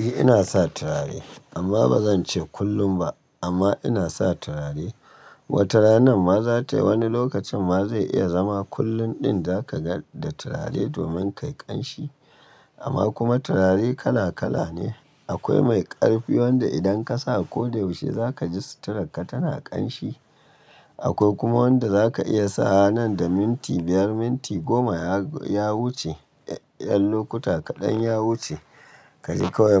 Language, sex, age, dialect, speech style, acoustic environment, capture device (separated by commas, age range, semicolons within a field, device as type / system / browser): Hausa, male, 35-44, Nigeria - Kano, happy, nature, mobile / Linux / Chrome